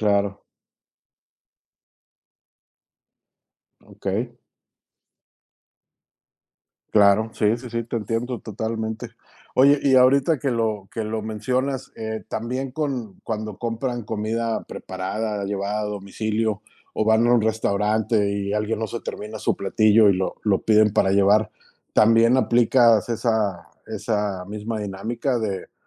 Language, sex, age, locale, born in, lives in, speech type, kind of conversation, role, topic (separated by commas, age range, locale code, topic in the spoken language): Spanish, male, 45-49, es-MX, Mexico, Mexico, conversation, podcast, host, ¿Cómo manejas las sobras para no desperdiciar comida?